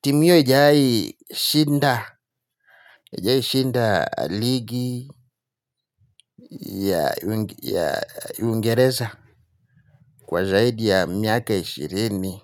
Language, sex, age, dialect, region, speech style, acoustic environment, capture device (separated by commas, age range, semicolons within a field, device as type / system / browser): Swahili, male, 35-44, Kenya - Mombasa, Kenya, happy, people talking; traffic, mobile / Linux / Chrome